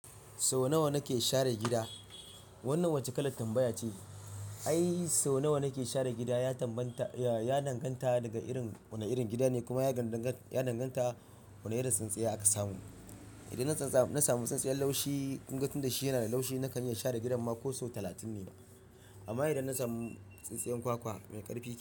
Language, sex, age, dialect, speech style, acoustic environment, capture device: Hausa, male, 25-34, Nigeria - Ibadan, relaxed, silence, mobile / Linux / Chrome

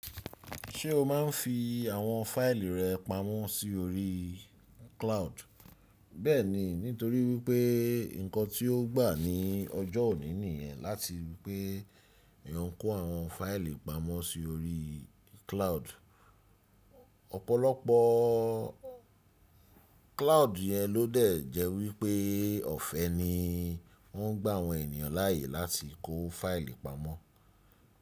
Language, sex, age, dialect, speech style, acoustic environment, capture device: Yoruba, male, 35-44, Nigeria - Lagos Yoruba, relaxed, silence, desktop / Windows / Chrome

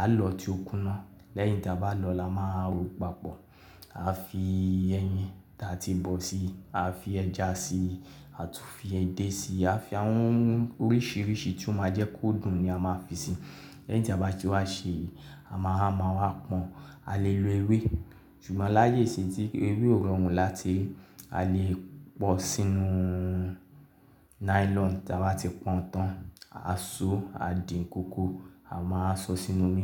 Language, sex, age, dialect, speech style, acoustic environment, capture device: Yoruba, male, 25-34, Nigeria - Ibadan, focused, silence, mobile / Linux / Chrome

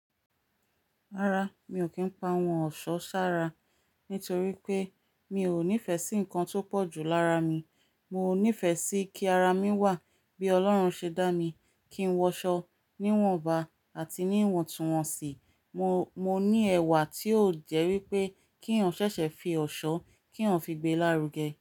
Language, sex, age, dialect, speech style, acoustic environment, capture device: Yoruba, female, 35-44, Nigeria - Ibadan, relaxed, nature; silence; appliances, mobile / Linux / Chrome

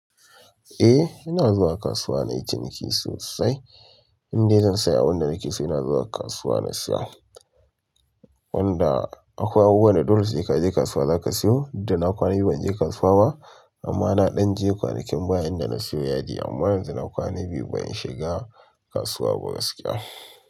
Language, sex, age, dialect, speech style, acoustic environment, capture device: Hausa, male, 25-34, Nigeria - Kano, excited; relaxed, silence, mobile / Linux / Chrome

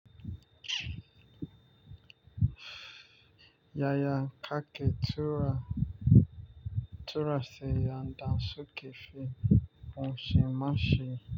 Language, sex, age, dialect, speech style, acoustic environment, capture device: Hausa, male, 25-34, Nigeria - Nigerian Standard, focused, nature, mobile / Linux / Chrome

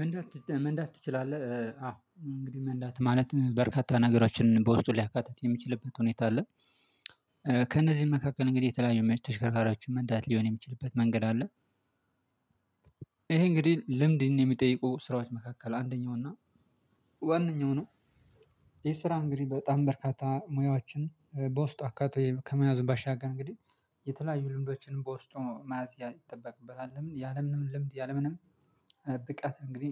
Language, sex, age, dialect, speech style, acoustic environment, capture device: Amharic, male, 25-34, Ethiopia - Addis Ababa, happy, silence, mobile / Linux / Chrome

